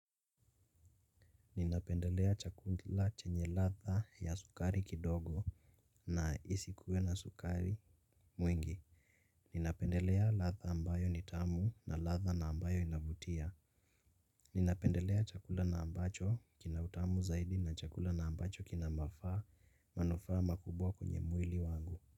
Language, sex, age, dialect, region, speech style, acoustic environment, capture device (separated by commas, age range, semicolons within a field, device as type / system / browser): Swahili, male, 18-24, Kenya - Nairobi Sheng-influenced, Kenya, relaxed; focused, nature, mobile / Linux / Chrome